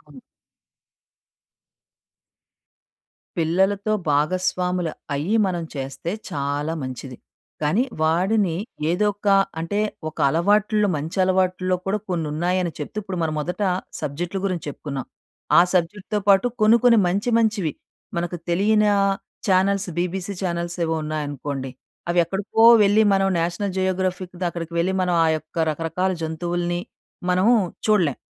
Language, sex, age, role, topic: Telugu, female, 40-44, guest, ఫోన్‌ను ఎక్కువసేపు వాడే అలవాటు పిల్లలపై ఎలాంటి ప్రభావం చూపుతుందని మీరు భావిస్తారు?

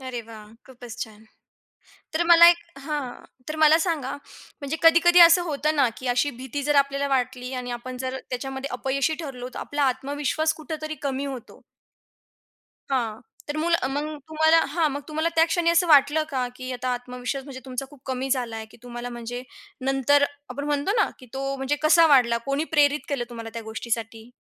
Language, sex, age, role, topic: Marathi, female, 20-24, host, तुम्ही कधी तुमची भीती पार करून पुढे गेलात का?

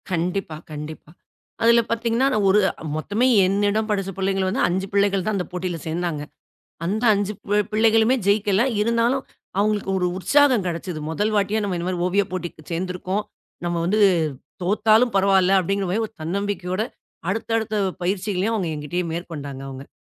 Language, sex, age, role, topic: Tamil, female, 40-44, guest, பிறரின் கருத்து உங்கள் படைப்பை பாதிக்கிறதா?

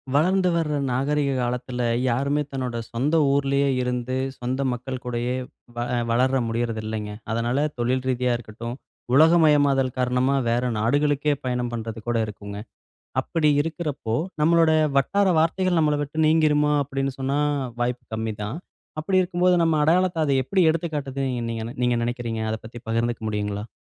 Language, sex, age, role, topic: Tamil, male, 35-39, host, வட்டார வார்த்தைகள் நமது அடையாளத்தை எவ்வாறு வெளிப்படுத்துகின்றன?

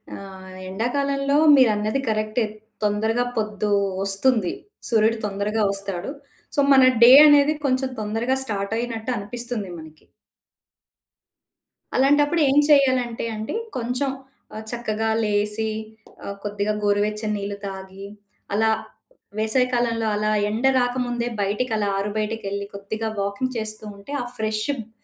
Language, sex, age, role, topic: Telugu, female, 40-44, guest, సీజన్లు మారినప్పుడు మీరు మీ ధ్యాన పద్ధతిని ఎలా మార్చుకుంటారు?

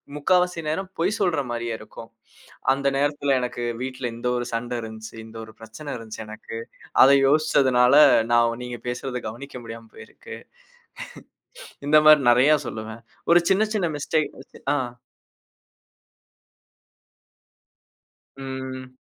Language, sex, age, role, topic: Tamil, male, 25-29, guest, மீண்டும் அதே தவறை செய்யாமல் இருக்க நீங்கள் எந்தப் பழக்கத்தை மாற்றினீர்கள்?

